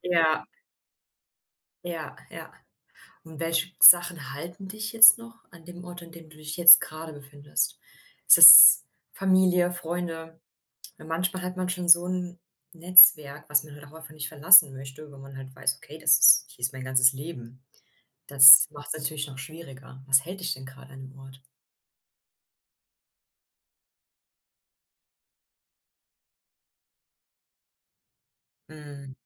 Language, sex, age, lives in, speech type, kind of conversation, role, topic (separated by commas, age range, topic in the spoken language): German, female, 30-34, Germany, conversation, advice, advisor, Wie gehst du mit dem Druck um, wichtige Lebensentscheidungen wie einen Umzug oder einen Jobwechsel treffen zu müssen?